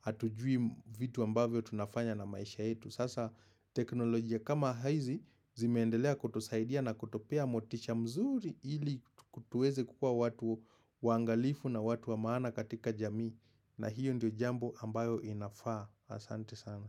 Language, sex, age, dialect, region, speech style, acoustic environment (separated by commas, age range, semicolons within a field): Swahili, male, 25-34, Kenya - Nairobi Sheng-influenced, Kenya, happy, silence